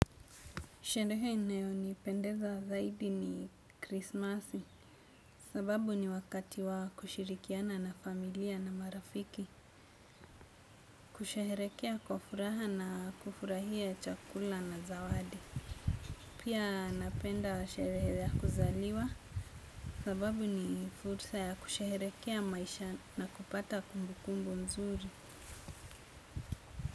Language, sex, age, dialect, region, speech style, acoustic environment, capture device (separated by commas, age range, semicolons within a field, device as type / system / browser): Swahili, female, 25-34, Kenya - Mombasa, Kenya, focused; anxious; nervous, nature; people talking; silence; appliances; construction; traffic, desktop / Windows / Chrome